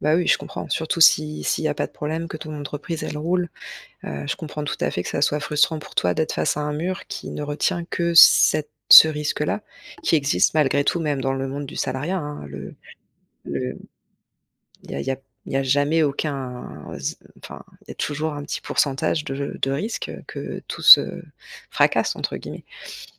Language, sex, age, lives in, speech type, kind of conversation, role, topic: French, female, 35-39, France, conversation, advice, advisor, Comment puis-je accueillir la critique sans me sentir attaqué ni me mettre sur la défensive ?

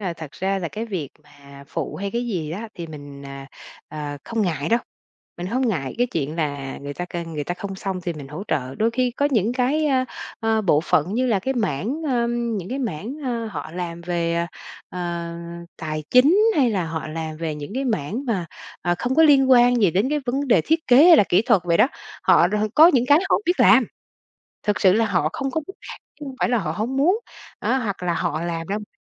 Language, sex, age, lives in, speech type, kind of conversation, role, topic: Vietnamese, female, 45-49, Vietnam, conversation, podcast, guest, Nói thật, bạn làm thế nào để không mang việc về nhà?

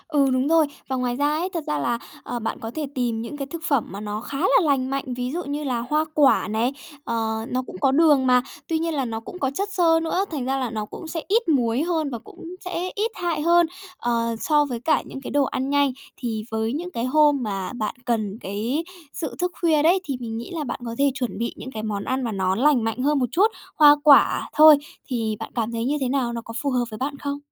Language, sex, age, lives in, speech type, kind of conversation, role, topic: Vietnamese, female, 30-34, Japan, conversation, advice, advisor, Thói quen ăn vặt buổi tối nào khiến bạn hối hận vào sáng hôm sau?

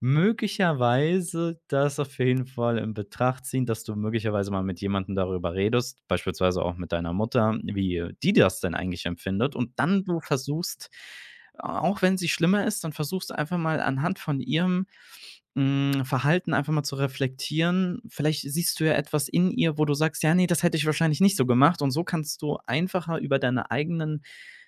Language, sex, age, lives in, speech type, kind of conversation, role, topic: German, male, 25-29, Germany, conversation, advice, advisor, Wie nutzt du Einkaufen, um Stress abzubauen oder deine Stimmung zu verbessern?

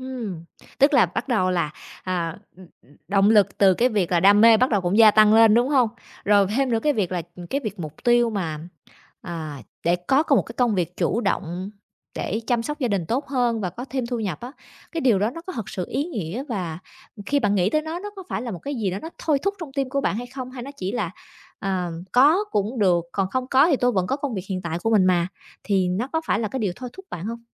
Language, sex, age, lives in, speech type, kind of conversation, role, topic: Vietnamese, female, 30-34, Vietnam, conversation, advice, advisor, Làm thế nào để duy trì động lực theo đuổi mục tiêu dài hạn?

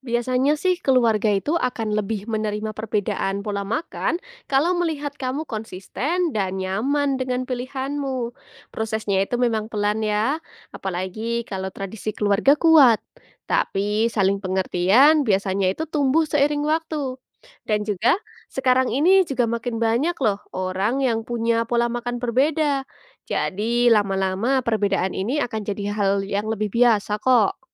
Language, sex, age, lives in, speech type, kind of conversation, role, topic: Indonesian, female, 20-24, Indonesia, read, expert-assistant, expert, Apakah kita memiliki kewajiban moral terhadap kesejahteraan hewan ternak yang kita pelihara dan konsumsi? Mengapa atau mengapa tidak?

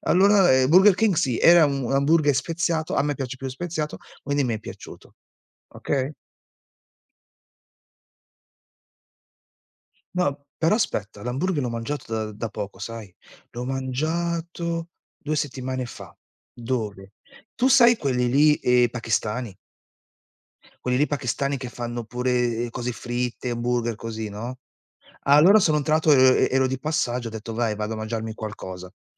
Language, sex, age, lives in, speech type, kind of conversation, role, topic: Italian, male, 40-44, Italy, conversation, unstructured, none, Cosa pensi della qualità del cibo nei ristoranti fast food?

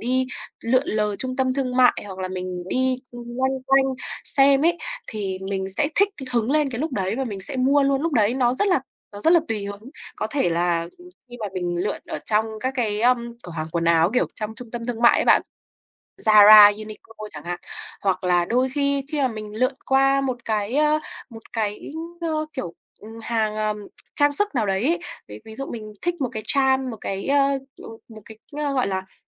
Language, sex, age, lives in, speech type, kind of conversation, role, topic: Vietnamese, female, 20-24, Vietnam, conversation, advice, user, Mua sắm bộc phát khiến tháng nào cũng hết tiền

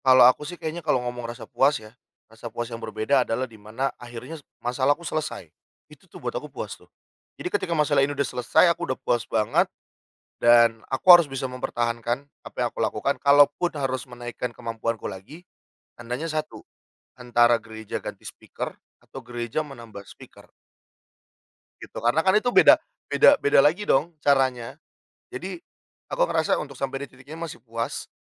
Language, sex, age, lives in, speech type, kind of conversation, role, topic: Indonesian, male, 30-34, Indonesia, conversation, podcast, guest, Pekerjaan apa yang pernah membuatmu merasa puas?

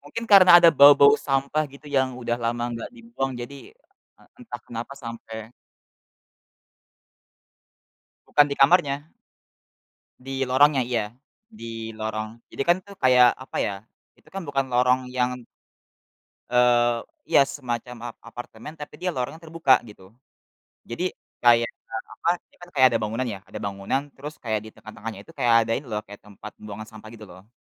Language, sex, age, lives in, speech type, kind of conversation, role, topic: Indonesian, male, 20-24, Indonesia, conversation, podcast, guest, Apa pengalaman paling berkesan saat kamu bepergian sendirian, dan bisa kamu ceritakan?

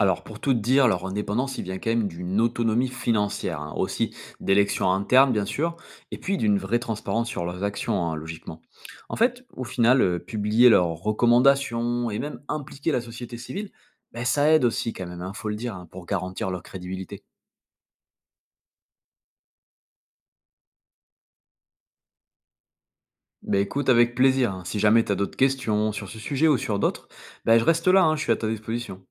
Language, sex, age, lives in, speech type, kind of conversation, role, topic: French, male, 35-39, France, read, expert-assistant, expert, Et si la France adoptait le système politique d’un autre pays ? Qu’est-ce qui changerait dans notre vie quotidienne ?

